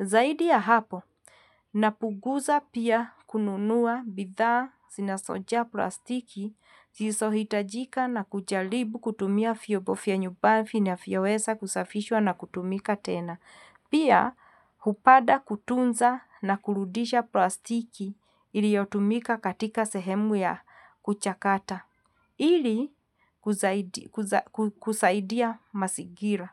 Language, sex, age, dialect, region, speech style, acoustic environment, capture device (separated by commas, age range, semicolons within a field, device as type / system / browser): Swahili, female, 45-54, Kenya - Nairobi Sheng-influenced, Kenya, relaxed, silence, mobile / Linux / Chrome